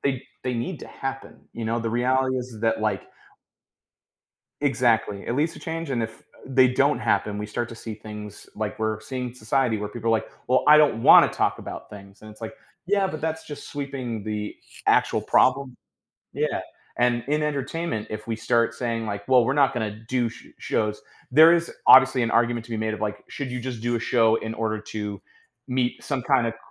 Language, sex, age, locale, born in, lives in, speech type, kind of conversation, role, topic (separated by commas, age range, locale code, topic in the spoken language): English, male, 35-39, en-US, United States, United States, conversation, podcast, guest, How does greater diversity in entertainment shape the stories we see and the way we relate to them?